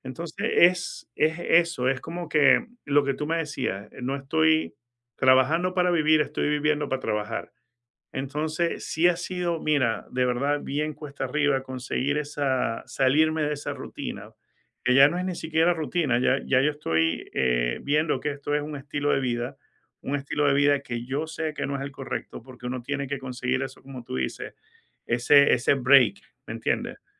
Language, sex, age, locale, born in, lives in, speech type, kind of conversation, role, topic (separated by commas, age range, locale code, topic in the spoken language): Spanish, male, 50-54, es-VE, Venezuela, United States, conversation, advice, user, ¿Cómo puedo aprovechar una pausa para recargar la mente?